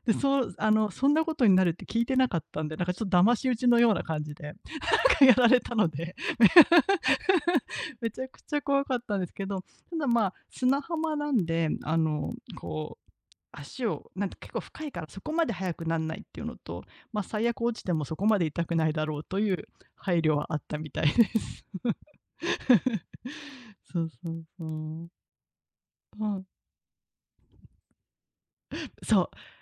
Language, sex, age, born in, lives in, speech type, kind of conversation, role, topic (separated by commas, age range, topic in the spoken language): Japanese, female, 40-44, Japan, Japan, conversation, unstructured, none, 家族と過ごす時間はどのような感じですか？